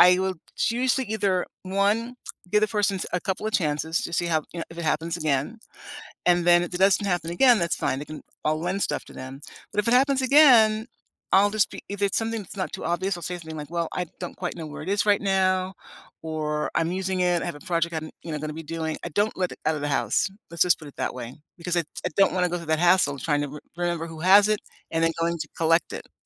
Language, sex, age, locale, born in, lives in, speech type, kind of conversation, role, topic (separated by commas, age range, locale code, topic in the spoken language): English, female, 60-64, en-US, United States, United States, conversation, unstructured, none, How do you raise a small recurring annoyance without starting a fight?